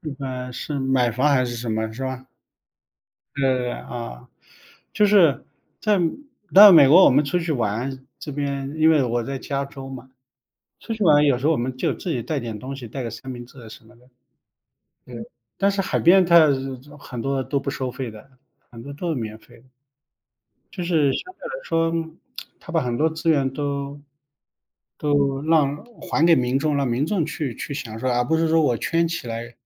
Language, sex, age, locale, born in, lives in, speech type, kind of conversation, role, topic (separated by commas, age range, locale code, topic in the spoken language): Chinese, male, 45-49, zh-CN, China, United States, conversation, unstructured, none, 你觉得旅游时被宰客是一个常见问题吗？